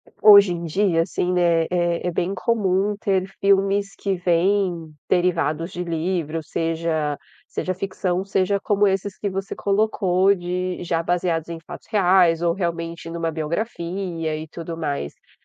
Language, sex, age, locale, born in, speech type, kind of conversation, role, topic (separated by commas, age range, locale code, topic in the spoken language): Portuguese, female, 30-34, pt-BR, Brazil, conversation, podcast, host, Como você escolhe um livro para ler?